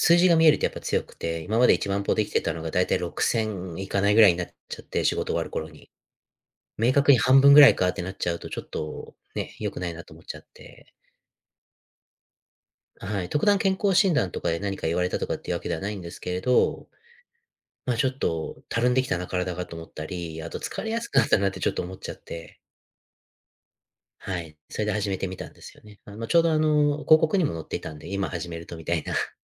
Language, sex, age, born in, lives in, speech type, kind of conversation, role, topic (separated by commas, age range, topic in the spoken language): Japanese, male, 30-34, United States, United States, conversation, advice, user, ジムで周りと比べて自信が持てず不安になってしまうのはなぜですか？